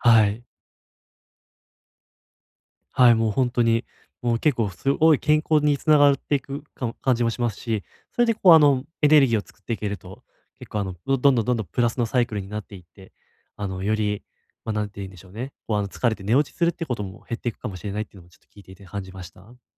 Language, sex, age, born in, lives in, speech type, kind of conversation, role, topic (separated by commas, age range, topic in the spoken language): Japanese, male, 20-24, Japan, Japan, conversation, advice, advisor, 毎日のルーティンでやる気を保つにはどうすればよいですか？